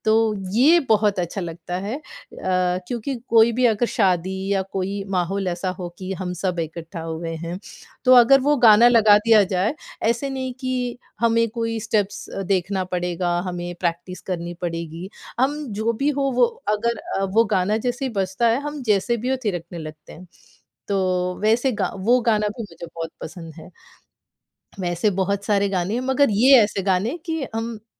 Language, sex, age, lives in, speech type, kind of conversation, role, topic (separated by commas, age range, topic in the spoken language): Hindi, female, 40-44, United States, conversation, podcast, guest, किस गाने पर बिना सोचे तुम नाचने लगते हो?